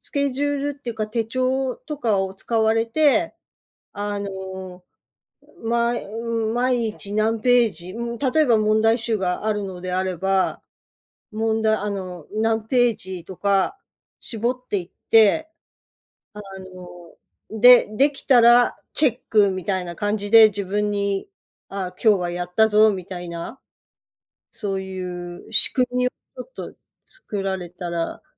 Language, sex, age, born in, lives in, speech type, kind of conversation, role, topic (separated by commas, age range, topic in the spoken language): Japanese, female, 55-59, Japan, United States, conversation, advice, advisor, モチベーションが続かなくて習慣に戻れないとき、どうすればまた続けられますか？